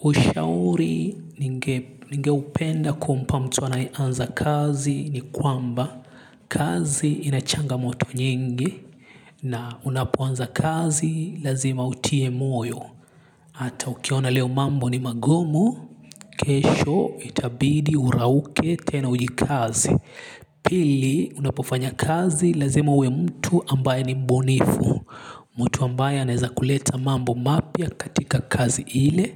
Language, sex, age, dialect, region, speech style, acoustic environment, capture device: Swahili, male, 25-34, Kenya - Nairobi Sheng-influenced, Kenya, happy; relaxed; focused, silence, mobile / Linux / Chrome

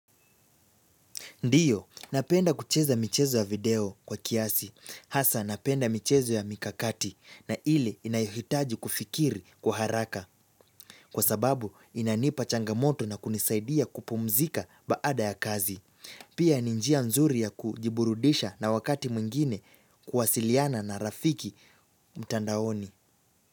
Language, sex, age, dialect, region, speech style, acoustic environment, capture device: Swahili, male, 18-24, Kenya - Nairobi Sheng-influenced, Kenya, relaxed; focused; excited; happy, silence; nature, mobile / Linux / Chrome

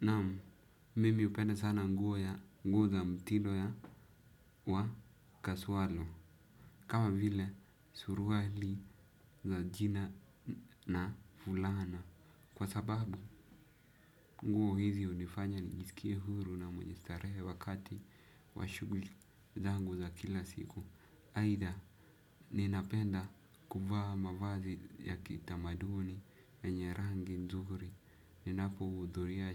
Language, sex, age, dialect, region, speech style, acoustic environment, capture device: Swahili, male, 18-24, Kenya - Nairobi Sheng-influenced, Kenya, focused, silence, desktop / Windows / Chrome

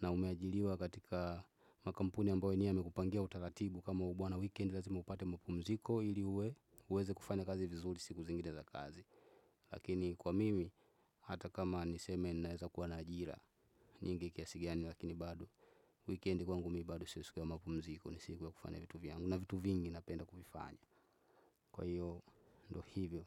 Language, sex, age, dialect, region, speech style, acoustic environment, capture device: Swahili, male, 25-34, Tanzania - Dar es Salaam, Tanzania, relaxed, nature, desktop / Windows / Chrome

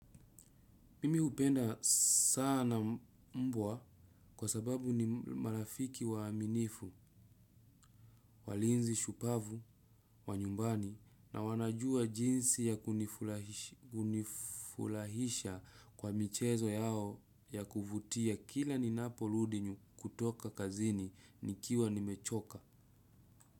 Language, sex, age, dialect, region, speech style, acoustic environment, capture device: Swahili, male, 25-34, Kenya - Nairobi Sheng-influenced, Kenya, relaxed, nature, desktop / Windows / Chrome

